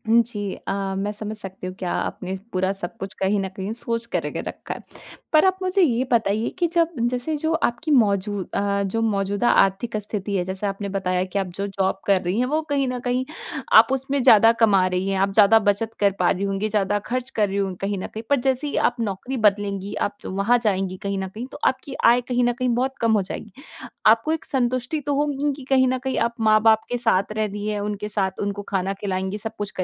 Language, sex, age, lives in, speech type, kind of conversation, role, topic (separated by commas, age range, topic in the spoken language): Hindi, female, 20-24, India, conversation, advice, advisor, मैं अपने बुज़ुर्ग माता-पिता की देखभाल के लिए करियर और घर बदलने का फैसला क्यों और कैसे करूँ?